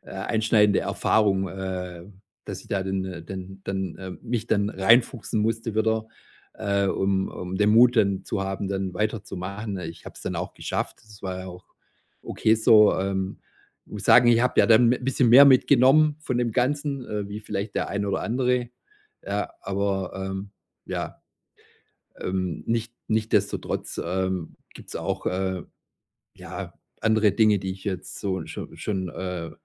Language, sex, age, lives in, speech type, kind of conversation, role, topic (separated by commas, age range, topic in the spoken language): German, male, 45-49, Germany, conversation, podcast, guest, Wie findest du den Mut, etwas Neues zu beginnen?